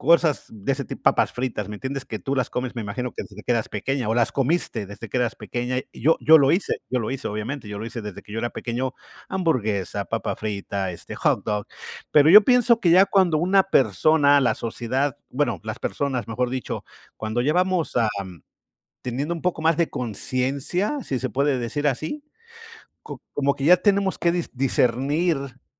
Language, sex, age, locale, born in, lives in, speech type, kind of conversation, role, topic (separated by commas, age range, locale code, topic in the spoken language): Spanish, male, 50-54, es-US, Mexico, United States, conversation, unstructured, none, ¿Cuál es tu opinión sobre la comida rápida en la sociedad actual?